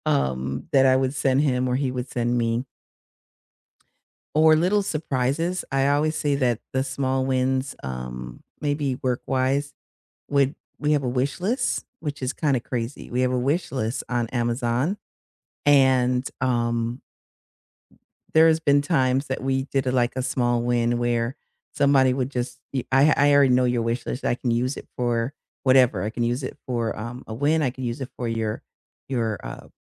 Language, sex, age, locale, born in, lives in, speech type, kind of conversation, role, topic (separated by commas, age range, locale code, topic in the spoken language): English, female, 50-54, en-US, United States, United States, conversation, unstructured, none, How do you celebrate big and small wins in your relationships?